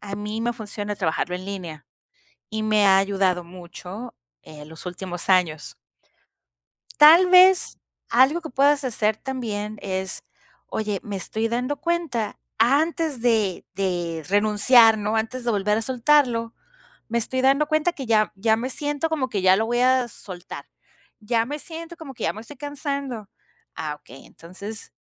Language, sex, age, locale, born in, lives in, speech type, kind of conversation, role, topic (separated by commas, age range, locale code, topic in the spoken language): Spanish, female, 45-49, es-MX, Mexico, Mexico, conversation, advice, advisor, ¿Cómo puedo evitar abandonar mis hábitos cuando me siento agotado o estresado?